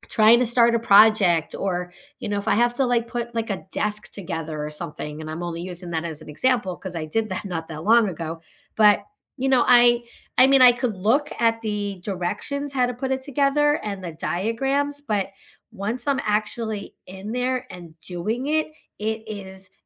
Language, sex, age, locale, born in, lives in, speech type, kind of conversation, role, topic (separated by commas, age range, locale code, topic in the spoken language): English, female, 45-49, en-US, United States, United States, conversation, unstructured, none, How do you learn best—by seeing, by hearing, or by doing?